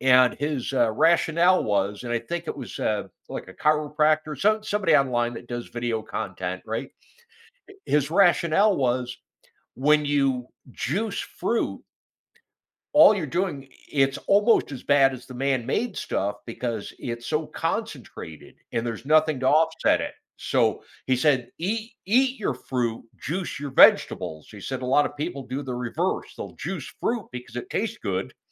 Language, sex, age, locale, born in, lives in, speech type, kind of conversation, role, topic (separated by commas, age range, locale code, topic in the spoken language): English, male, 55-59, en-US, United States, United States, conversation, podcast, host, How can making small changes to your daily routine lead to healthier eating habits?